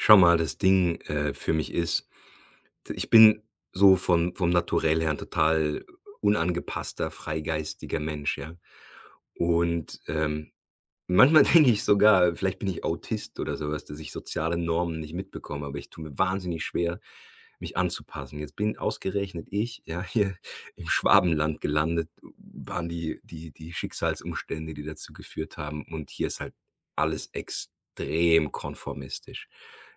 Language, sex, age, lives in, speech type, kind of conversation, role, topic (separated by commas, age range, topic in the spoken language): German, male, 40-44, Germany, conversation, advice, user, Wie kann ich mich in diesem Land sicherer im Umgang mit sozialen Normen verhalten?